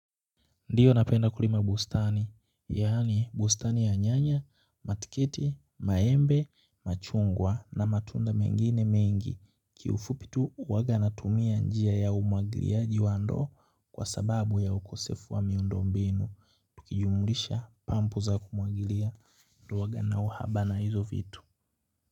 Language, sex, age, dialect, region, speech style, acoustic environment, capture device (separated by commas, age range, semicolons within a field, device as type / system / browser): Swahili, male, 18-24, Kenya - Nairobi Sheng-influenced, Kenya, anxious, nature, mobile / Linux / Chrome